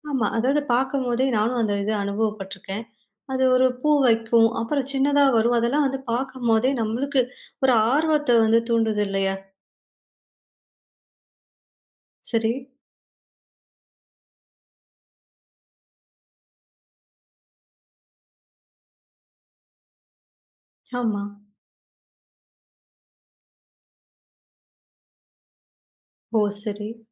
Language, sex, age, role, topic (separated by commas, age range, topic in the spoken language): Tamil, female, 20-24, host, டிஜிட்டல் பயன்பாட்டைச் சிறிது குறைத்து இயற்கையோடு நேரம் செலவிடத் தொடங்க எப்படி முடியும்?